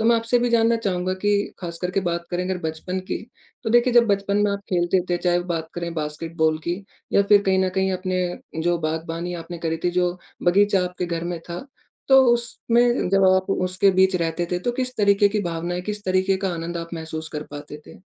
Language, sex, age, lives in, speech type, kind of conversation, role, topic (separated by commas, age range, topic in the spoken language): Hindi, male, 20-24, India, conversation, podcast, host, बचपन में आपकी सबसे पसंदीदा रुचि क्या थी?